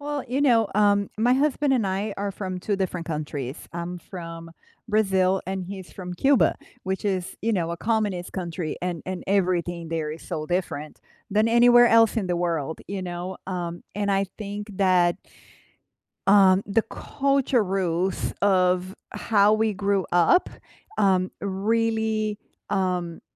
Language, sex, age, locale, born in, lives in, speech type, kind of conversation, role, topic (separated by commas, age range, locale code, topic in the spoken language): English, female, 45-49, en-BR, Brazil, United States, conversation, unstructured, none, How do cultural rules and changing social norms shape your romantic relationships?